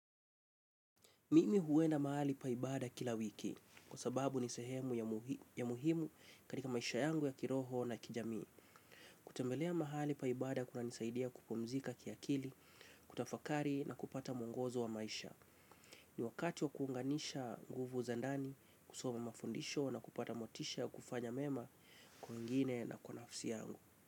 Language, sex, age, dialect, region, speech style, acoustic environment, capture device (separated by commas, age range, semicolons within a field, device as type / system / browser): Swahili, male, 25-34, Kenya - Mombasa, Kenya, relaxed; focused, silence, mobile / Linux / Chrome